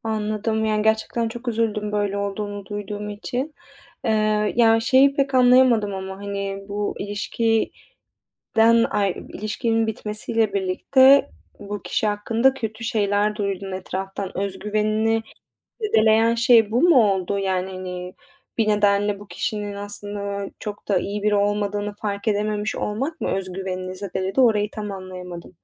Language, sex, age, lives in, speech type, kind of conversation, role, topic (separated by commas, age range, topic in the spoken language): Turkish, female, 25-29, Italy, conversation, advice, advisor, Ayrılıktan sonra özgüvenimi ve bağımsızlığımı nasıl yeniden kazanabilirim?